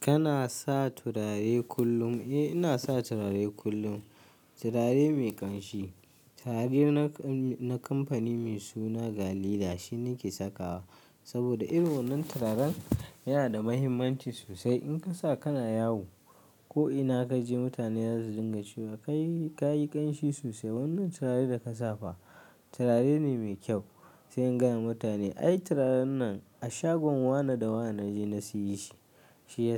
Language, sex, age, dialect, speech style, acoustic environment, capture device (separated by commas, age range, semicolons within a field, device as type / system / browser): Hausa, male, 25-34, Nigeria - Kano, happy, silence; nature, mobile / Linux / Chrome